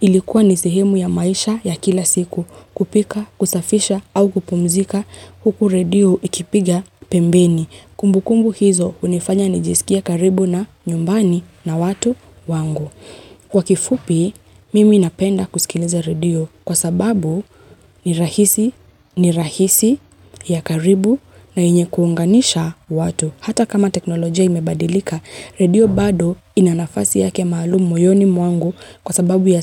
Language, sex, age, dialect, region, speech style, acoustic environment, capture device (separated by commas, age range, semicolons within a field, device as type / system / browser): Swahili, female, 25-34, Kenya - Nairobi Sheng-influenced, Kenya, happy, silence, mobile / Linux / Chrome